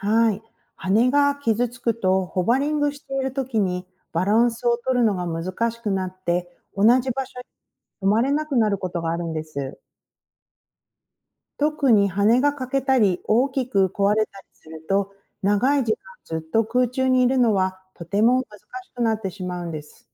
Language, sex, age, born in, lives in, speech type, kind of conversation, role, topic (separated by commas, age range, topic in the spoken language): Japanese, female, 40-44, Japan, United States, read, expert-assistant, expert, ハチドリはどのようにして空中で止まっていられるのか